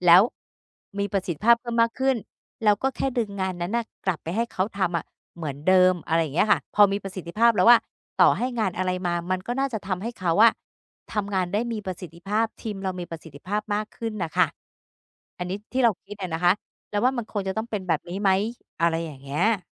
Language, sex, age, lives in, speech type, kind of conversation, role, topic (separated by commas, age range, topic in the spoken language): Thai, female, 50-54, Thailand, conversation, advice, user, ฉันจะสร้างทีมงานที่มีประสิทธิภาพได้อย่างไร?